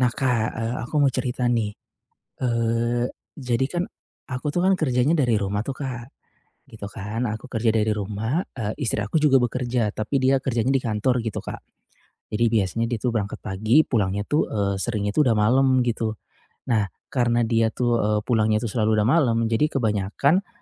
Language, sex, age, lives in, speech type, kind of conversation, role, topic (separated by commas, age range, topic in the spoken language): Indonesian, male, 35-39, Indonesia, conversation, advice, user, Kenapa saya sulit rileks saat menikmati waktu santai di rumah?